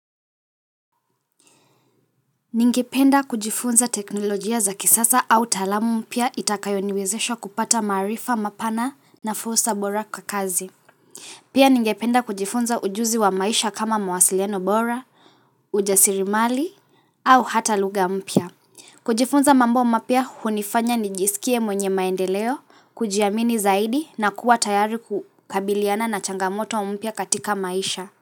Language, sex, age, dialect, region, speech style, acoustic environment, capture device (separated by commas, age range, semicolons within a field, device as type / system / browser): Swahili, female, 18-24, Kenya - Mombasa, Kenya, relaxed, silence, mobile / Linux / Chrome